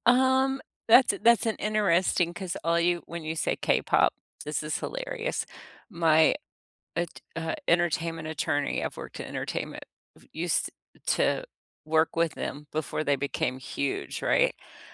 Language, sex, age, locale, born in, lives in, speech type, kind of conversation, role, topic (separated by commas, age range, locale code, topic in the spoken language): English, female, 60-64, en-US, United States, United States, conversation, unstructured, none, What songs or podcast episodes have brightened your days lately, and what about them lifts your spirits?